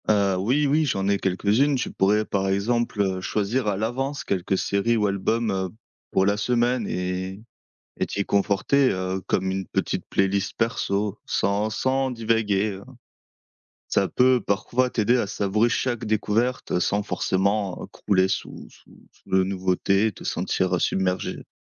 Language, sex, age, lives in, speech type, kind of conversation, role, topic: French, male, 25-29, France, read, expert-assistant, expert, Comment mieux profiter de ses envies culturelles sans se laisser submerger ?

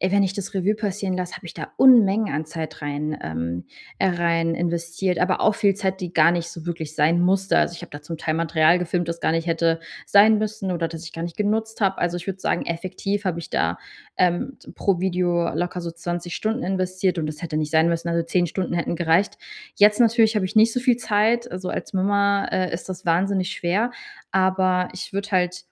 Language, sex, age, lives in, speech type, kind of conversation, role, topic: German, female, 30-34, Germany, conversation, advice, user, Wie überwinde ich meine Angst vor Neuem und beginne ein neues Hobby ohne Furcht?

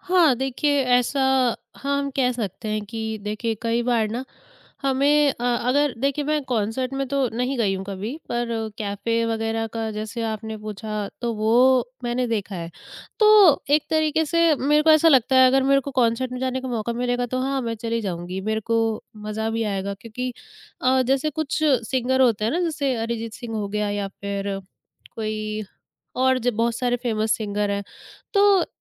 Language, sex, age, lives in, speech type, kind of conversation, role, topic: Hindi, female, 20-24, India, conversation, podcast, guest, छोटे कैफ़े और बड़े स्टेडियम में होने वाले सजीव कार्यक्रमों में क्या अंतर महसूस होता है?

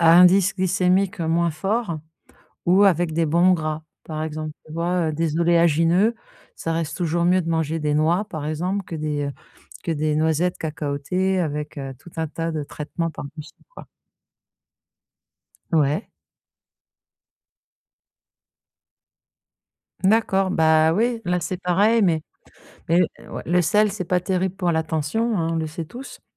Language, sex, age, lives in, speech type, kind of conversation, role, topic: French, female, 50-54, France, conversation, advice, advisor, Comment puis-je éliminer les déclencheurs associés à mes mauvaises habitudes ?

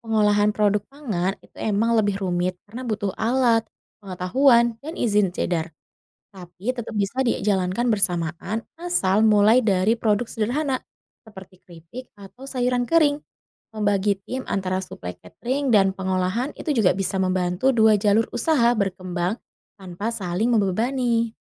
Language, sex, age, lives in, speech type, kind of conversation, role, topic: Indonesian, female, 30-34, Indonesia, read, expert-assistant, expert, Jika kita bisa menanam apa saja, apa yang seharusnya jadi pilihan utama: makanan, keuntungan, atau lingkungan?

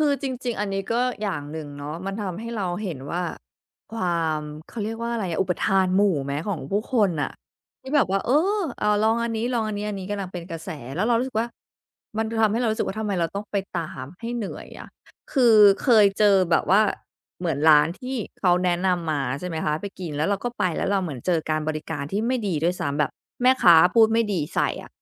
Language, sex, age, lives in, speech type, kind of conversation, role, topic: Thai, female, 35-39, United States, conversation, podcast, guest, เวลามีเทรนด์ใหม่เข้ามา คุณตัดสินใจว่าจะรับหรือปฏิเสธอย่างไร?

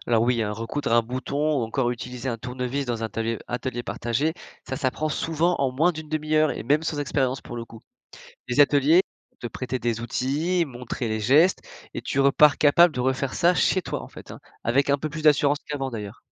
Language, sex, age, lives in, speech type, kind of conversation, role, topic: French, male, 20-24, France, read, expert-assistant, expert, On entend souvent que recycler, c’est bon pour l’environnement. Mais est-ce vraiment aussi simple que ça ? Y a-t-il des idées reçues à corriger ?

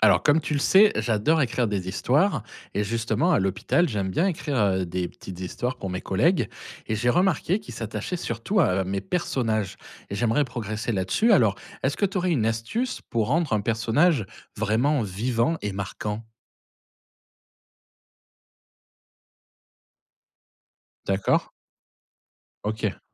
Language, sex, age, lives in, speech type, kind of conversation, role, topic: French, male, 40-44, France, read, expert-assistant, asker, Comment donner vie à ses personnages pour que les lecteurs s’y attachent ?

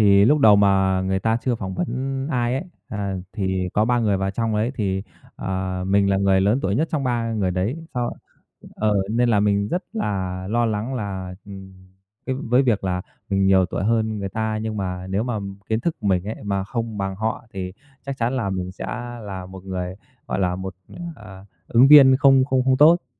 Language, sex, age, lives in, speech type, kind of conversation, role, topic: Vietnamese, male, 30-34, Vietnam, conversation, podcast, guest, Lần phỏng vấn nào là đáng nhớ nhất đối với bạn?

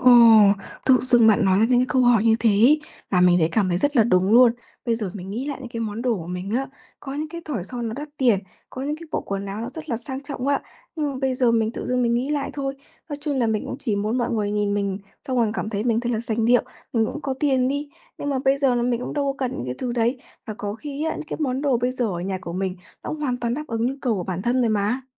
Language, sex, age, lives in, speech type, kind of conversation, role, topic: Vietnamese, female, 20-24, Vietnam, conversation, advice, user, Làm sao để tiêu dùng có ý thức khi bạn bè hay khoe đồ đắt tiền?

